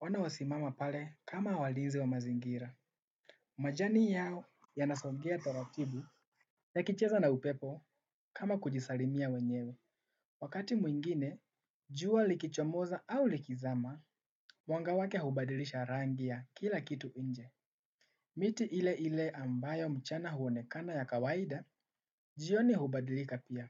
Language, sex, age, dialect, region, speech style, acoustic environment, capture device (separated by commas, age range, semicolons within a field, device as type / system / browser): Swahili, male, 18-24, Kenya - Nairobi Sheng-influenced, Kenya, relaxed, silence, desktop / Windows / Chrome